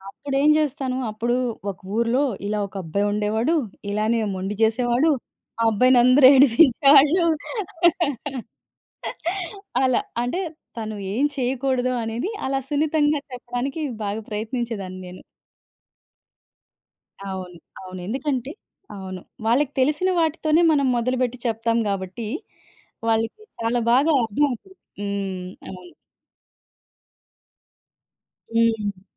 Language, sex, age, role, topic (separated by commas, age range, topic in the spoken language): Telugu, female, 30-34, guest, కథలు మన ఆలోచనలను ఎలా మార్చగలవని మీరు అనుకుంటారు?